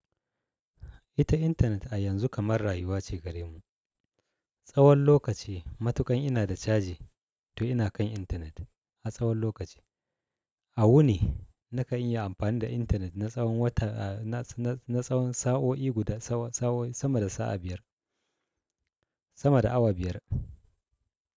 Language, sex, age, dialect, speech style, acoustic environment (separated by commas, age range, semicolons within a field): Hausa, male, 35-44, Nigeria - Kano, happy, appliances